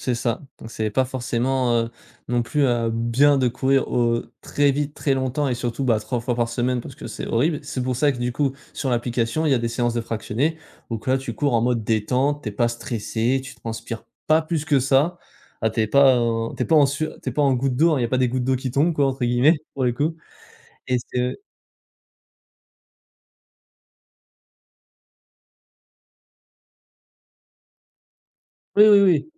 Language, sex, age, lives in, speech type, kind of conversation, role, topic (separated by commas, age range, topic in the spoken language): French, male, 20-24, France, conversation, podcast, guest, Que conseillerais-tu à quelqu’un qui veut se lancer ?